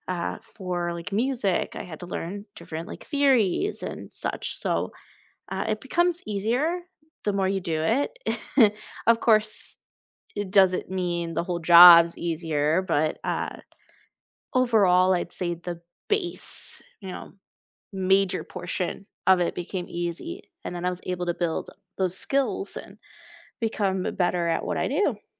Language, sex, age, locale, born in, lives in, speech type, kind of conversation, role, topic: English, female, 20-24, en-US, United States, United States, conversation, unstructured, none, What is a new hobby or skill you are exploring just for fun, and what drew you to it?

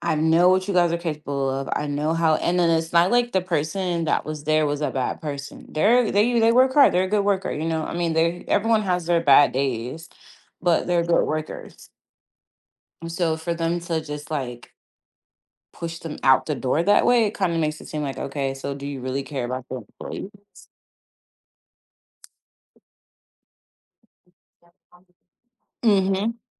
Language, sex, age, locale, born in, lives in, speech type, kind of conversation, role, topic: English, female, 30-34, en-US, United States, United States, conversation, advice, user, How can I position myself for a promotion at work?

